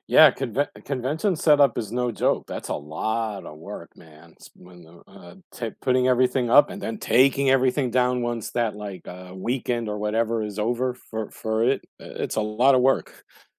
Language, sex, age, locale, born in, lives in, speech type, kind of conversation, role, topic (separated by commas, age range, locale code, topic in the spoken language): English, male, 45-49, en-US, United States, United States, conversation, unstructured, none, What are your favorite ways to stay active with friends and feel more connected?